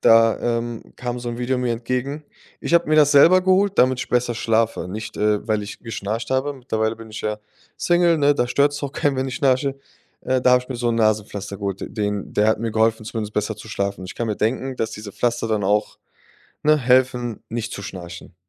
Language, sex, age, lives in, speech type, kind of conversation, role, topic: German, male, 25-29, Germany, conversation, advice, advisor, Wie beeinträchtigt dich der Schlaf deines Partners durch Schnarchen oder einen anderen Schlafrhythmus?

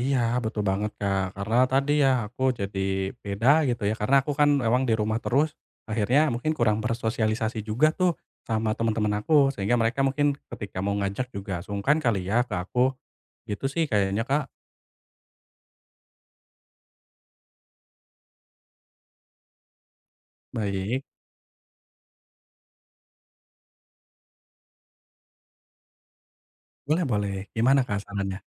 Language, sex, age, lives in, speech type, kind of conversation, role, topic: Indonesian, male, 25-29, Indonesia, conversation, advice, user, Bagaimana cara menjaga konsistensi dengan menetapkan tujuan sederhana saat saya mudah kehilangan motivasi?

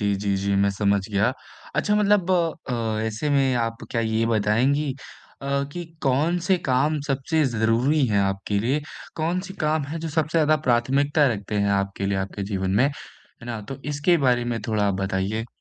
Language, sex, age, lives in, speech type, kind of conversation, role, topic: Hindi, male, 25-29, India, conversation, advice, advisor, मैं अपनी दिनचर्या कैसे बनाऊँ और समय का प्रभावी बँटवारा कैसे करूँ?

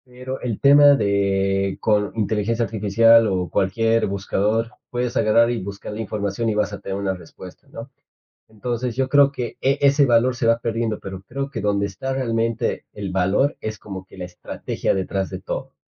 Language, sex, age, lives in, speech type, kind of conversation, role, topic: Spanish, male, 25-29, Spain, conversation, podcast, guest, ¿Cómo equilibras tu creatividad con la necesidad de ganar dinero?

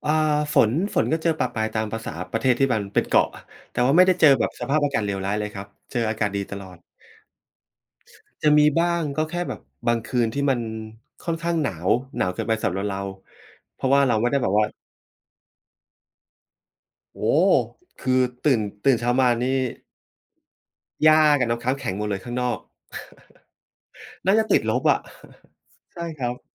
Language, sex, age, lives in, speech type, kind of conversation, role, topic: Thai, male, 45-49, Thailand, conversation, podcast, guest, ช่วยเล่าทริปที่คุณชอบที่สุดให้ฟังหน่อยได้ไหม?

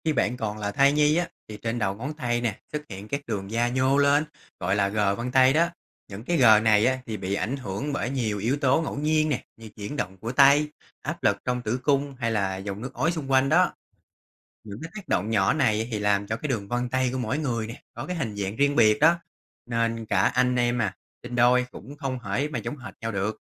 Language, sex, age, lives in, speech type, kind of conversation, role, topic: Vietnamese, male, 30-34, Vietnam, read, expert-assistant, expert, Vì sao con người lại có dấu vân tay khác nhau?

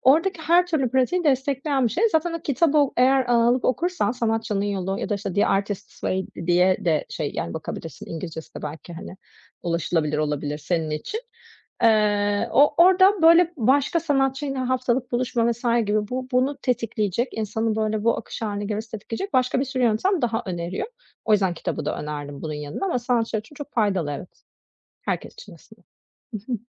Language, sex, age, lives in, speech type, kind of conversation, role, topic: Turkish, female, 40-44, Greece, conversation, advice, advisor, Odaklanma süremi artırıp dikkatimi korumak için hangi alışkanlıkları geliştirebilirim?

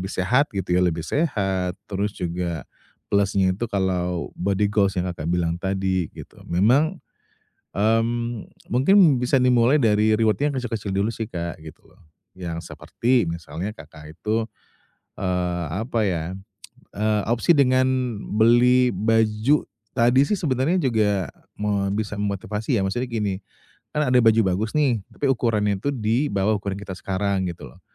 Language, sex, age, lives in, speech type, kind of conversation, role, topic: Indonesian, male, 35-39, Indonesia, conversation, advice, advisor, Bagaimana saya bisa mengaitkan hadiah dengan tujuan saya?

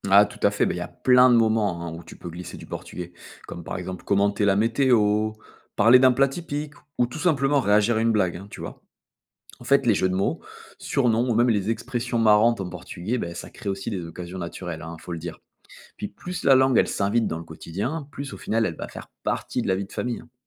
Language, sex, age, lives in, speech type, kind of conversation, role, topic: French, male, 35-39, France, read, expert-assistant, expert, Comment motiver ma famille à parler notre langue d’origine à la maison ?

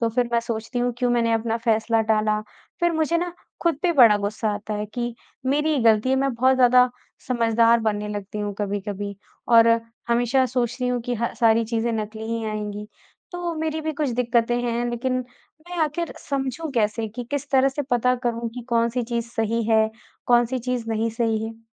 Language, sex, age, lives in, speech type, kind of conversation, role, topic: Hindi, female, 20-24, India, conversation, advice, user, सेल या ऑफर में खरीदारी को लेकर डर और बार-बार फैसले टालने से कैसे निपटें?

